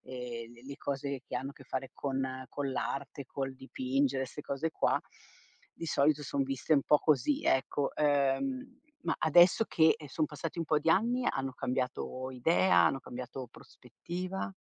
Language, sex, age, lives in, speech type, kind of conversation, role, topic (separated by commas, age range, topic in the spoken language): Italian, female, 50-54, Italy, conversation, podcast, host, Cosa consideri più importante: la passione o la stabilità?